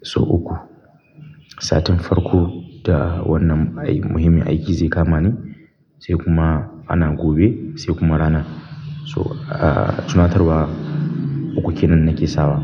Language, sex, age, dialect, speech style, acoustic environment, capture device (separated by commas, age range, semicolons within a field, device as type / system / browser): Hausa, male, 25-34, Nigeria - Kano, happy; focused, silence; nature, mobile / Linux / Chrome